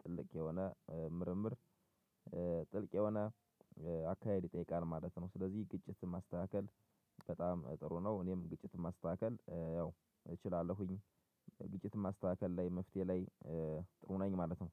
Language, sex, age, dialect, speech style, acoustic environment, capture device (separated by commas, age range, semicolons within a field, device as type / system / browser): Amharic, male, 25-34, Ethiopia - Gojjam, happy, silence, mobile / Linux / Chrome